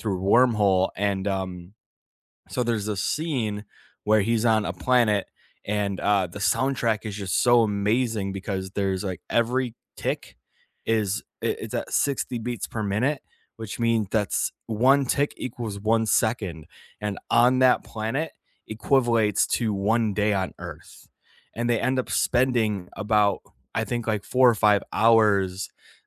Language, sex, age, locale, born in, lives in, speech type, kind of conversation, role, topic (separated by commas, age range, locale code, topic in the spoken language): English, male, 25-29, en-US, United States, United States, conversation, unstructured, none, Which soundtracks or musical scores transformed scenes for you, and why did they resonate personally?